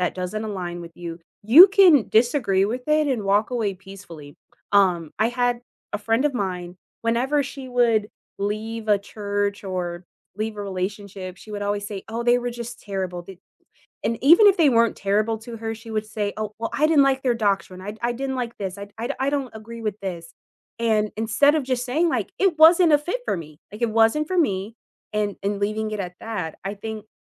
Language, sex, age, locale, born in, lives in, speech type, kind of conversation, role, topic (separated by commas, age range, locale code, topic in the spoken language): English, female, 25-29, en-US, United States, United States, conversation, unstructured, none, What do you think causes most political arguments today?